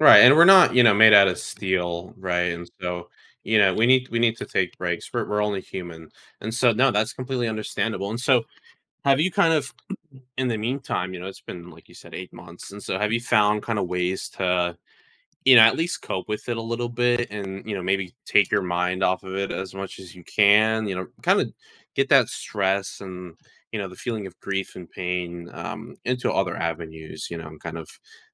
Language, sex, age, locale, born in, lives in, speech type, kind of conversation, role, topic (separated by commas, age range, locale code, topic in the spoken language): English, male, 20-24, en-US, United States, United States, conversation, advice, advisor, How can I find direction after a major life change?